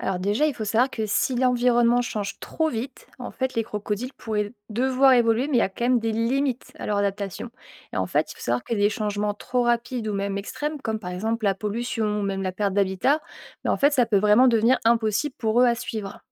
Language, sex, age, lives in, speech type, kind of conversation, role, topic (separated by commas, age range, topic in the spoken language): French, female, 25-29, France, read, expert-assistant, expert, Pourquoi certains animaux n’ont-ils presque pas changé depuis des millions d’années ?